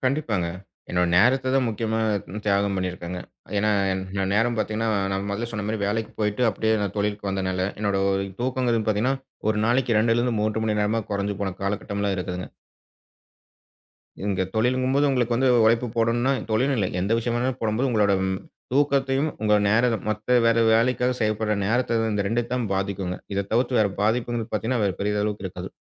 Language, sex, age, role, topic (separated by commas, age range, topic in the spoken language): Tamil, male, 35-39, guest, பணம் வெற்றிக்கு எவ்வளவு முக்கியம்?